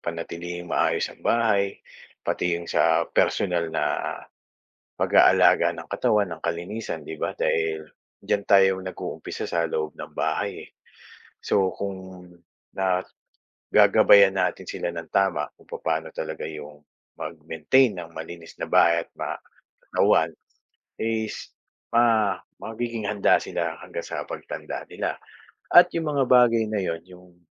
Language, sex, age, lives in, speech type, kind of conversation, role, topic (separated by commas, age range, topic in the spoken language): Filipino, male, 45-49, Philippines, conversation, unstructured, none, Ano ang mga simpleng paraan para mapalakas ang samahan ng pamilya?